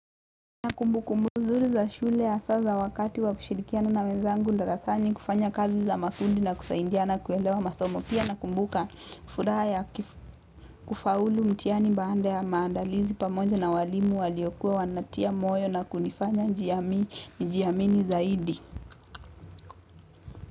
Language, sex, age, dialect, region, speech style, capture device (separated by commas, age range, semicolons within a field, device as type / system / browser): Swahili, female, 18-24, Kenya - Mombasa, Kenya, focused, mobile / Linux / Chrome